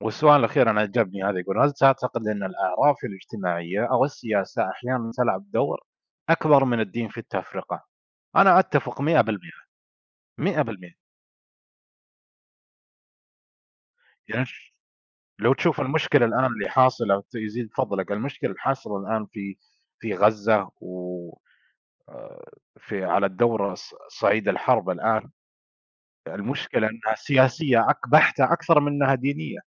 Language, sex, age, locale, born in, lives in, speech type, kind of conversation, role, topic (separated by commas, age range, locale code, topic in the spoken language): Arabic, male, 25-29, ar-SA, Saudi Arabia, United States, conversation, unstructured, none, هل شايف إن الدين ممكن يكون سبب في التفرقة؟